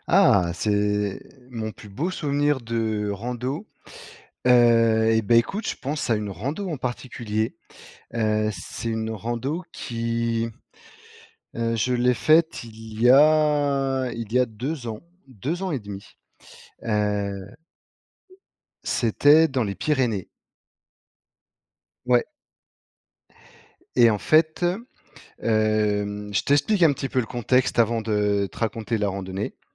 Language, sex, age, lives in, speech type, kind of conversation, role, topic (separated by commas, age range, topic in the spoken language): French, male, 35-39, France, conversation, podcast, guest, Quel est ton plus beau souvenir de randonnée ?